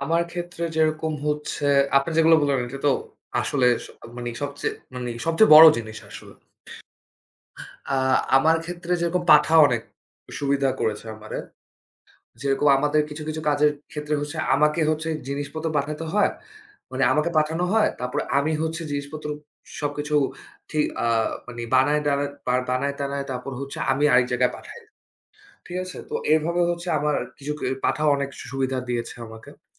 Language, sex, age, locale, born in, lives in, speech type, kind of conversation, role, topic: Bengali, male, 25-29, bn-BD, Bangladesh, Bangladesh, conversation, unstructured, none, আপনি কি মনে করেন প্রযুক্তির কারণে বাড়ি থেকে কাজ করার সুযোগ বেড়েছে?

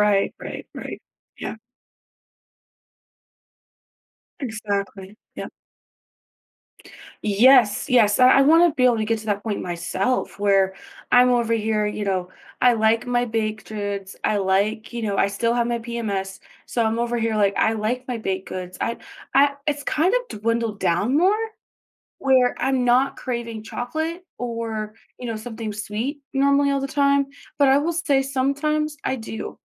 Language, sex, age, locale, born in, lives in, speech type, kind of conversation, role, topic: English, female, 25-29, en-US, United States, United States, conversation, podcast, host, Which daily habit has helped your health the most?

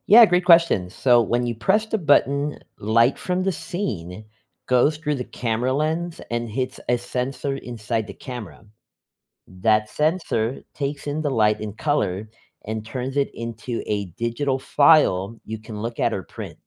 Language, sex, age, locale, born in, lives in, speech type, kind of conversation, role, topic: English, male, 35-39, en-US, United States, United States, read, expert-assistant, expert, How do camera settings affect the quality of photos in different lighting conditions?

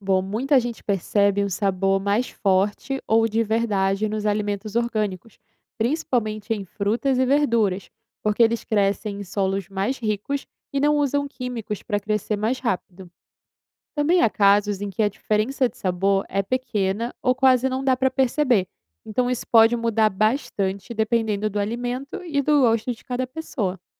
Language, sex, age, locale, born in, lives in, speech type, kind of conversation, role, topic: Portuguese, female, 20-24, pt-BR, Brazil, Italy, read, expert-assistant, expert, O que são alimentos orgânicos e por que são considerados mais saudáveis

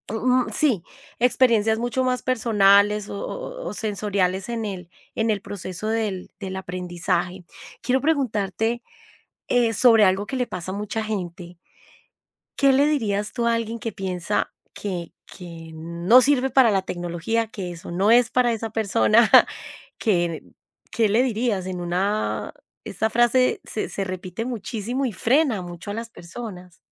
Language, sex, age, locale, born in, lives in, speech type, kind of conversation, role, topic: Spanish, female, 45-49, es-CO, Colombia, Italy, conversation, podcast, host, ¿Cómo aprendes a usar una tecnología nueva sin frustrarte?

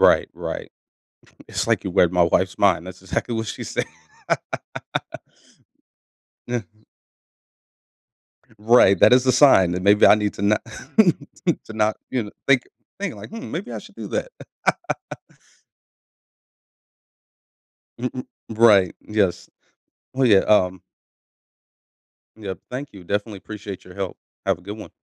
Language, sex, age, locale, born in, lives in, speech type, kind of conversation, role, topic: English, male, 30-34, en-US, United States, United States, conversation, advice, user, How can I address a conflict with a friend and rebuild trust?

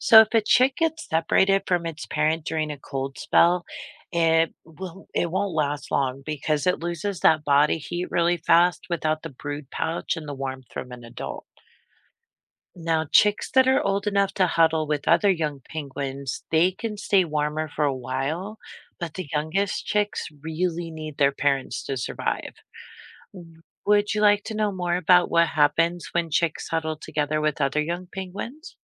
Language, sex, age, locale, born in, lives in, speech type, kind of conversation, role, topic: English, female, 45-49, en-US, United States, United States, read, expert-assistant, expert, How do penguins survive and keep warm in extreme cold?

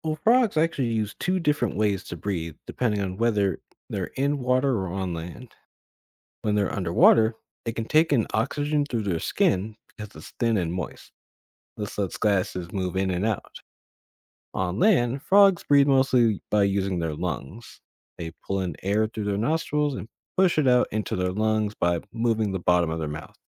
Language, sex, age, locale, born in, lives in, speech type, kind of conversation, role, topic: English, male, 25-29, en-US, United States, United States, read, expert-assistant, expert, How do frogs breathe on land and underwater?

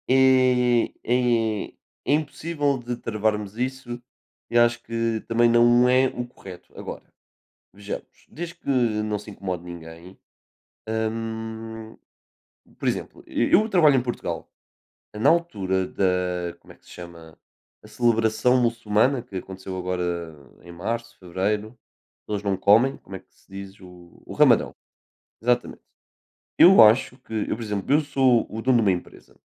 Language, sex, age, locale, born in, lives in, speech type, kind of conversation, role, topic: Portuguese, male, 20-24, pt-PT, Portugal, Portugal, conversation, unstructured, none, O que você acha do papel da religião na política hoje?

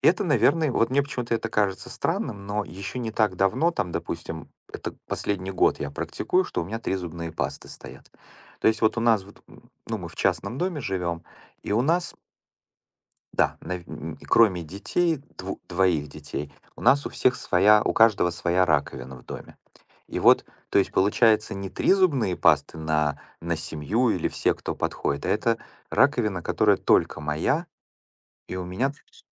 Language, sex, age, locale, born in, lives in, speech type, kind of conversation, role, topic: Russian, male, 45-49, ru-RU, Ukraine, United States, conversation, podcast, guest, Какие мелочи делают ваш дом по-настоящему уютным?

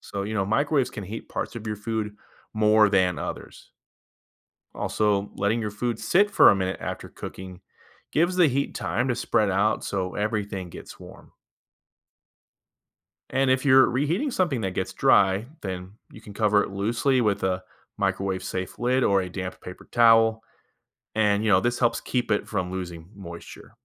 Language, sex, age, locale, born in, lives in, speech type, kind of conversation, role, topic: English, male, 30-34, en-US, United States, United States, read, expert-assistant, expert, What makes microwaves heat food, and how can you use them safely and effectively?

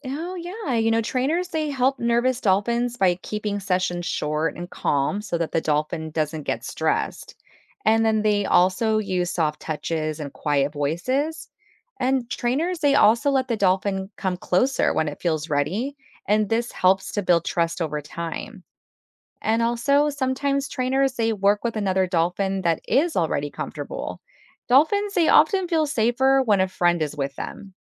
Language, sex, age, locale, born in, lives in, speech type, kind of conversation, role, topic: English, female, 35-39, en-US, United States, United States, read, expert-assistant, expert, How do dolphins learn new tricks?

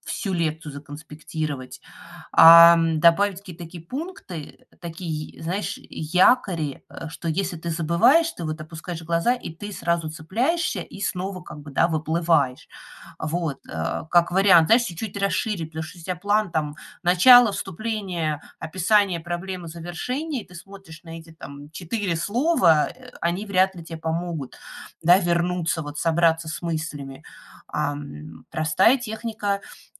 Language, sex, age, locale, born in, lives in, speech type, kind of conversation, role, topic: Russian, female, 40-44, ru-RU, Russia, United States, conversation, advice, advisor, Как вы можете описать свой страх выступать перед аудиторией на работе или в обществе?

